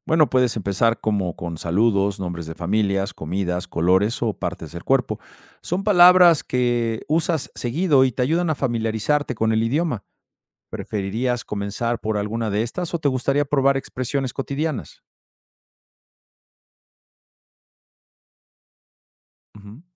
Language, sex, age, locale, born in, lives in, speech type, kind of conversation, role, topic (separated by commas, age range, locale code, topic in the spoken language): Spanish, male, 50-54, es-MX, Mexico, Mexico, read, expert-assistant, expert, ¿Qué hábitos diarios puedo adoptar para ayudar a preservar una lengua que está en peligro de desaparecer?